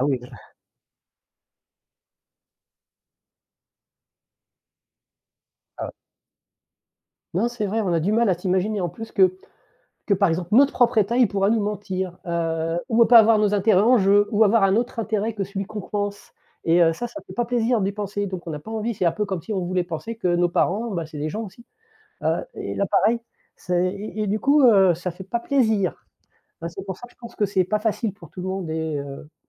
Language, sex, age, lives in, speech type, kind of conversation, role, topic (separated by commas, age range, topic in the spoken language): French, male, 50-54, France, conversation, unstructured, none, Comment l’histoire peut-elle nous aider à éviter de répéter les erreurs du passé ?